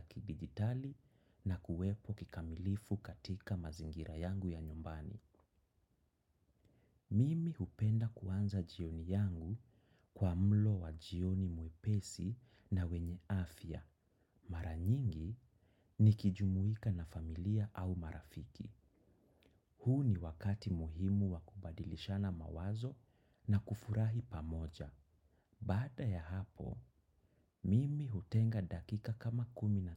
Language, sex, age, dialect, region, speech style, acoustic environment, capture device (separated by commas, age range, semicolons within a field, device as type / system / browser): Swahili, male, 25-34, Kenya - Nairobi Sheng-influenced, Kenya, excited; focused; nervous, silence, desktop / macOS / Chrome